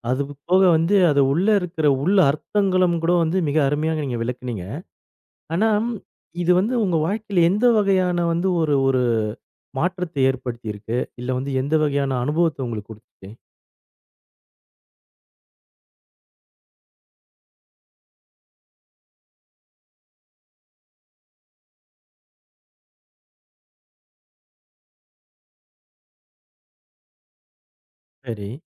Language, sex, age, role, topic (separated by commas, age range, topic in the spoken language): Tamil, male, 40-44, host, ஒரு திரைப்படப் பாடல் உங்களுக்கு தனித்துவமான அனுபவத்தைத் தந்ததைப் பற்றி சொல்ல முடியுமா?